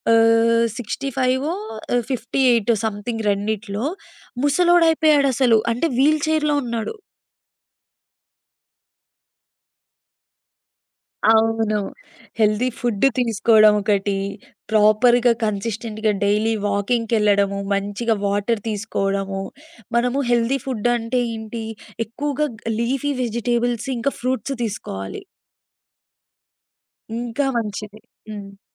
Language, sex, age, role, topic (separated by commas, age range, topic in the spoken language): Telugu, female, 20-24, guest, ఆరోగ్యకరమైన మార్పులను స్థిరంగా కొనసాగించడానికి మీరు ఏ చిట్కాలు పాటిస్తారు?